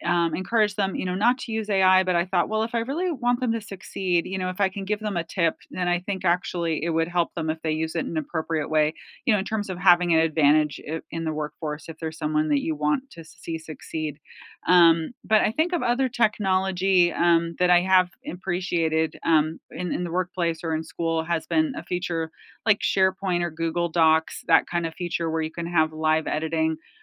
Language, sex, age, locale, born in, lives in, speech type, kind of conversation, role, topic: English, female, 45-49, en-US, United States, United States, conversation, unstructured, none, What have you found most helpful and most distracting about technology in the classroom?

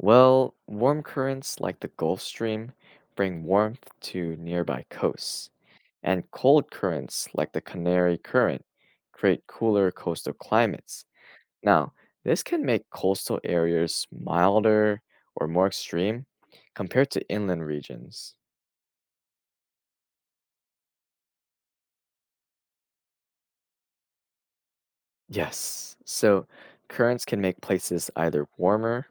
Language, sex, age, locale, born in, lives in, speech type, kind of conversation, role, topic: English, male, 20-24, en-US, United States, United States, read, expert-assistant, expert, How do oceans influence global weather patterns?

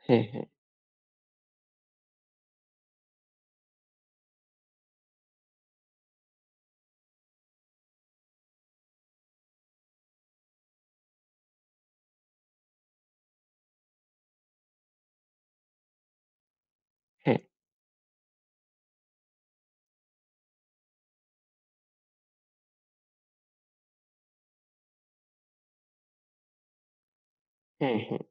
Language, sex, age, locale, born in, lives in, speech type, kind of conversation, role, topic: Bengali, male, 20-24, bn-BD, Bangladesh, Bangladesh, conversation, podcast, guest, তোমার প্রিয় শখ কোনটি, আর সেটা তোমার ভালো লাগে কেন?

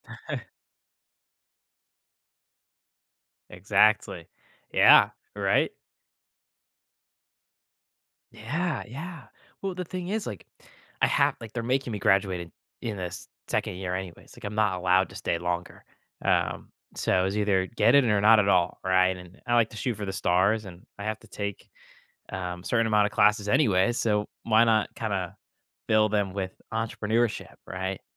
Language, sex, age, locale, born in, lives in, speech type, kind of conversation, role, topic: English, male, 18-19, en-US, United States, United States, conversation, podcast, guest, What helps you make thoughtful decisions that consider both your feelings and rational thinking?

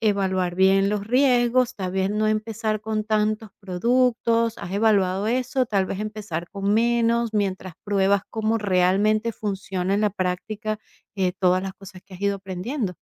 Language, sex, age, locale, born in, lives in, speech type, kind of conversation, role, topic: Spanish, female, 45-49, es-VE, Venezuela, United States, conversation, advice, advisor, ¿Cómo puedo superar el miedo a emprender un negocio por temor al fracaso financiero?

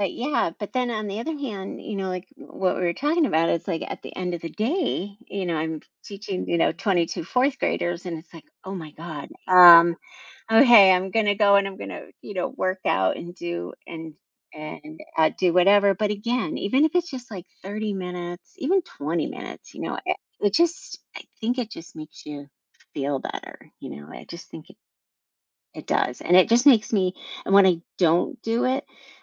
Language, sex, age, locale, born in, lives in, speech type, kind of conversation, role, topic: English, female, 55-59, en-US, United States, United States, conversation, podcast, guest, In what ways can regular physical activity improve our emotional well-being?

